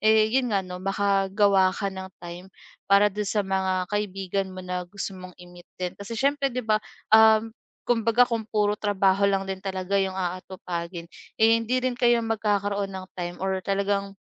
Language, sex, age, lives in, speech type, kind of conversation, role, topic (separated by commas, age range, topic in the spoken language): Filipino, female, 25-29, Philippines, conversation, advice, advisor, Paano ko maayos na mahahati ang oras ko para sa sarili at sa mga kaibigan?